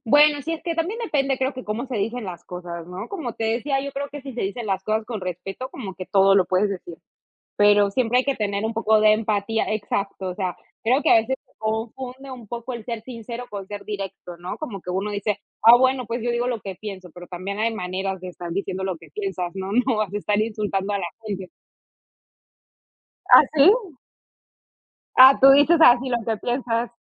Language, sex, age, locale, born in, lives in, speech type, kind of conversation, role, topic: Spanish, female, 30-34, es-PE, Peru, Italy, conversation, unstructured, none, ¿Qué es lo que más valoras en una pareja?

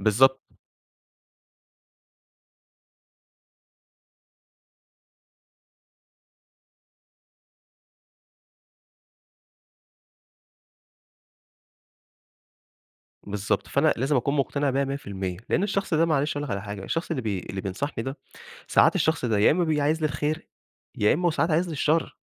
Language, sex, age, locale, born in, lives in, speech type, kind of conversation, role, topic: Arabic, male, 25-29, ar-EG, Egypt, Egypt, conversation, podcast, guest, إزاي بتلبس عشان تبيّن شخصيتك من غير ما تتكلم؟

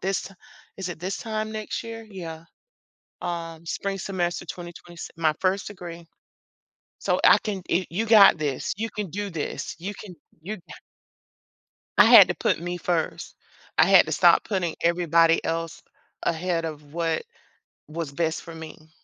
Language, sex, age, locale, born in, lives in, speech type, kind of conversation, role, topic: English, female, 50-54, en-US, United States, United States, conversation, unstructured, none, What is your biggest takeaway from learning something new recently?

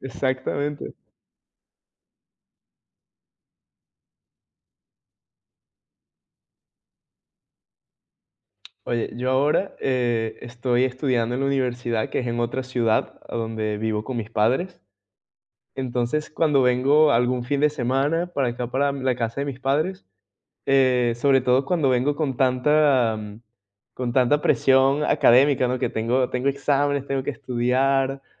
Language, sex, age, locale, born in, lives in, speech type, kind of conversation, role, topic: Spanish, male, 20-24, es-VE, Venezuela, Portugal, conversation, podcast, guest, ¿Cómo te hace sentir conectar con el paisaje cuando necesitas calma?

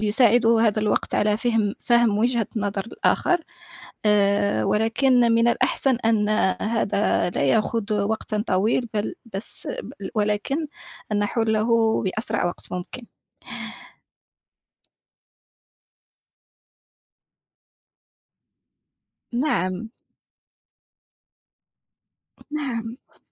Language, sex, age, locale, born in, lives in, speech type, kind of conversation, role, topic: Arabic, female, 25-29, ar-MA, Morocco, France, conversation, unstructured, none, إيه الطرق اللي بتخلي العيلة تحس بالسعادة وهي مع بعض؟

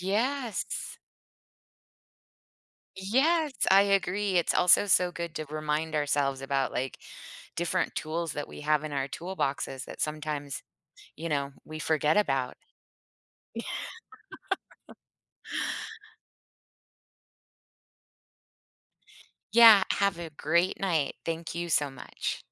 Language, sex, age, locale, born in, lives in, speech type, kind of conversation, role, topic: English, female, 50-54, en-US, United States, United States, conversation, podcast, host, What routines or habits help you relax and recharge after a long day?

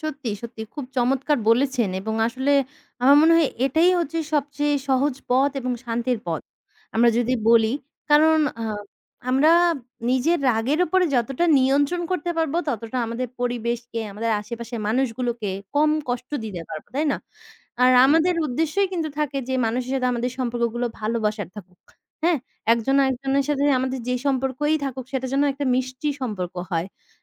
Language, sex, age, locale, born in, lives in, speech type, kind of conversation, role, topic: Bengali, female, 20-24, bn-BD, Bangladesh, Bangladesh, conversation, unstructured, none, দ্বন্দ্বের সময় আপনি কীভাবে সমঝোতার পথ খুঁজে পান?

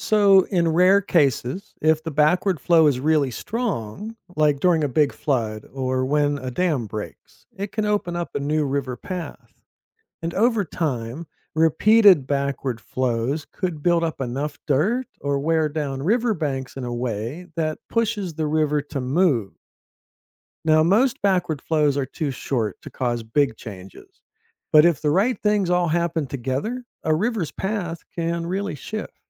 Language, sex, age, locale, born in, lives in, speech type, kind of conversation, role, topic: English, male, 50-54, en-US, United States, United States, read, expert-assistant, expert, What makes some rivers flow backwards at certain times?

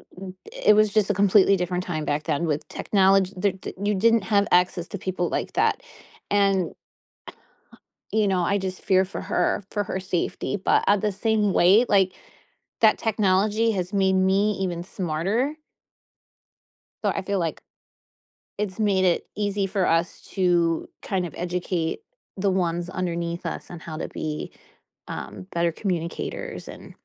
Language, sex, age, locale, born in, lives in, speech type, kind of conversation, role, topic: English, female, 40-44, en-US, United States, United States, conversation, podcast, host, In what ways has modern technology transformed how we connect and interact with others?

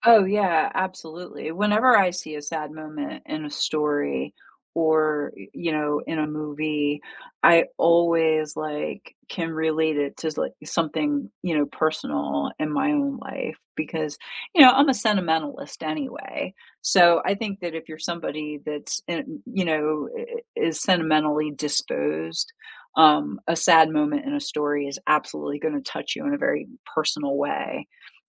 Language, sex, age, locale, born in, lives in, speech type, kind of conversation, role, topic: English, female, 45-49, en-US, United States, United States, conversation, unstructured, none, How do sad moments in stories teach us about real life?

